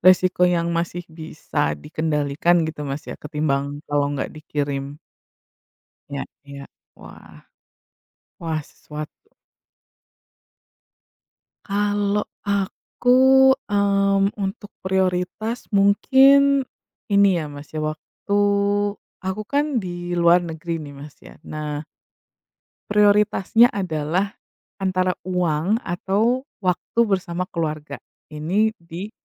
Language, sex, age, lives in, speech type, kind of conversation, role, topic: Indonesian, female, 35-39, United States, conversation, unstructured, none, Bagaimana kamu menentukan prioritas dalam hidup?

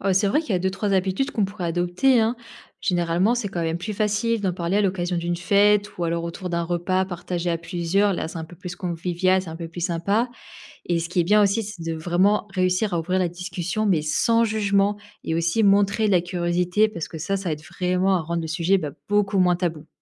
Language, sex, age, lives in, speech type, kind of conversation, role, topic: French, female, 25-29, France, read, expert-assistant, expert, Quels sont, selon toi, les avantages et les inconvénients de faire partie d’une grande religion aujourd’hui ?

